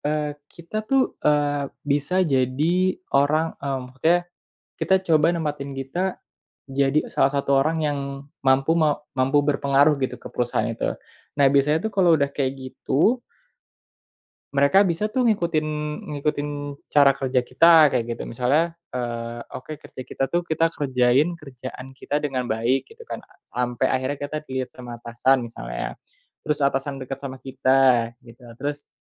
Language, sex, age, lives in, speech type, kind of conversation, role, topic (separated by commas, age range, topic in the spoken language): Indonesian, male, 25-29, Indonesia, conversation, podcast, guest, Bagaimana kamu memilih antara gaji yang lebih tinggi dan budaya kerja yang lebih baik?